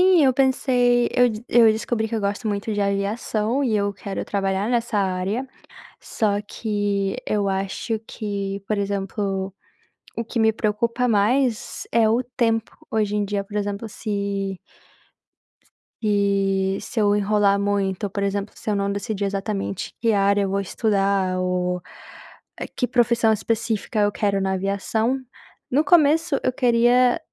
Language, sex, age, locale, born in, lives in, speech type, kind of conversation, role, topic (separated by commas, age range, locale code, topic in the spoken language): Portuguese, female, 20-24, pt-BR, Brazil, United States, conversation, advice, user, Como posso transformar minha preocupação em ações concretas sem ficar mais ansioso?